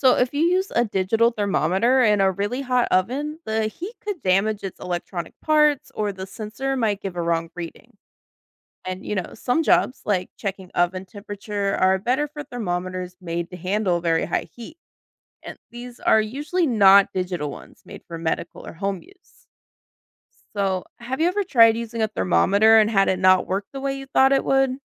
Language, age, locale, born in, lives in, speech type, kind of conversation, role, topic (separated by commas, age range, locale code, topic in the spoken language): English, 30-34, en-US, United States, United States, read, expert-assistant, expert, How does a thermometer measure temperature?